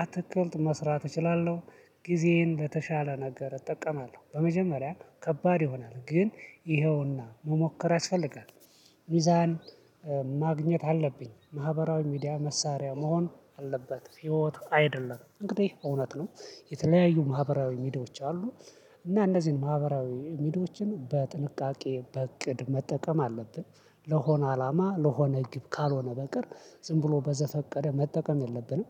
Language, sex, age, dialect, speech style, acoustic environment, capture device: Amharic, male, 25-34, Ethiopia - Addis Ababa, focused, silence, mobile / Linux / Chrome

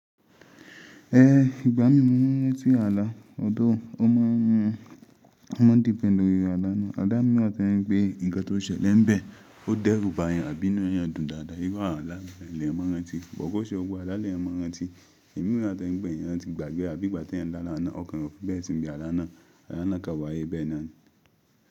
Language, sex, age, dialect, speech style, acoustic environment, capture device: Yoruba, male, 25-34, Nigeria - Ibadan, happy, silence, mobile / Linux / Chrome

